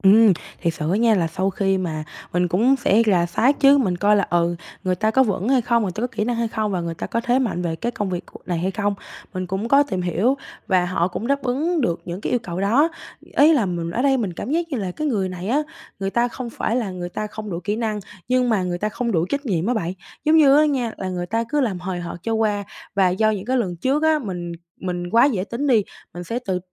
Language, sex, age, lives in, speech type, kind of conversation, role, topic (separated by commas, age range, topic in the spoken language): Vietnamese, female, 18-19, Vietnam, conversation, advice, user, Làm thế nào để giao việc cho người khác một cách rõ ràng và hiệu quả?